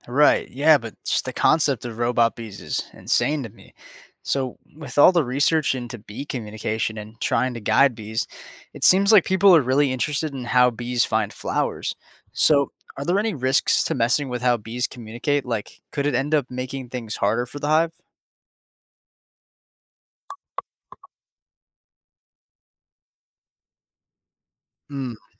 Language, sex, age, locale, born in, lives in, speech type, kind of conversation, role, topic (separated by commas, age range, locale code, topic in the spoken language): English, male, 20-24, en-US, United States, United States, read, expert-assistant, asker, How do bees communicate and share information?